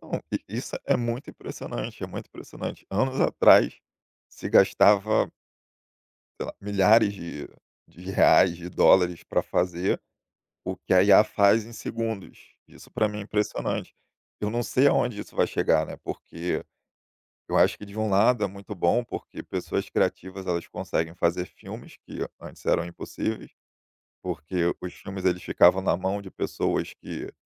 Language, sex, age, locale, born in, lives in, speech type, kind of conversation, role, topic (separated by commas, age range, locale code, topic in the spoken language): Portuguese, male, 30-34, pt-BR, Brazil, Germany, conversation, podcast, guest, Como a tecnologia mudou sua rotina diária?